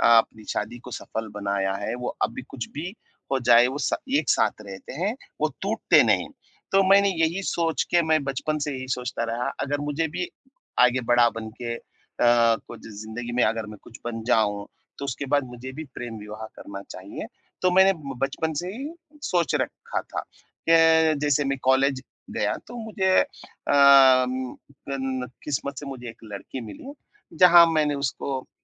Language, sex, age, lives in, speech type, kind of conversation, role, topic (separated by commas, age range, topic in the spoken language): Hindi, male, 30-34, India, conversation, advice, user, मैं दिल के दुख और खोई हुई आशाओं से कैसे उबर सकता/सकती हूँ?